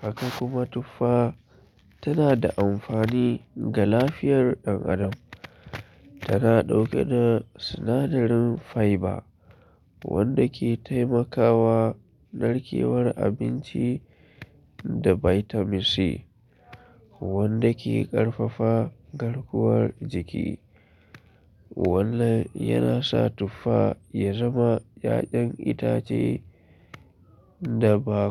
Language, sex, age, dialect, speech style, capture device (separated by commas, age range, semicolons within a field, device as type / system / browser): Hausa, male, 25-34, Nigeria - Kano, happy; relaxed; focused, mobile / Linux / Chrome